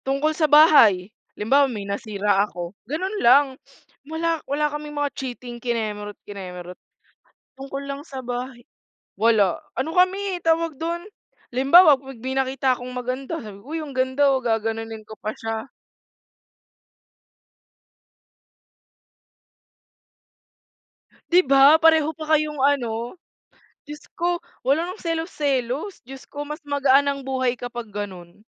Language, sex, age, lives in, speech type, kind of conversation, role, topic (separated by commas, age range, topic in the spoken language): Filipino, female, 20-24, Philippines, conversation, unstructured, none, Paano mo haharapin ang pagkakaroon ng lihim sa isang relasyon?